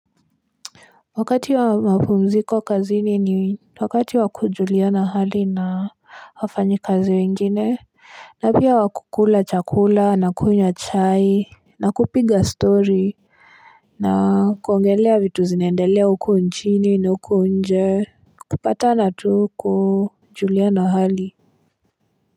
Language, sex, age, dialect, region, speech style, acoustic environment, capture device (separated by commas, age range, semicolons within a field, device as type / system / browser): Swahili, female, 18-24, Kenya - Nairobi Sheng-influenced, Kenya, relaxed, silence, mobile / Linux / Chrome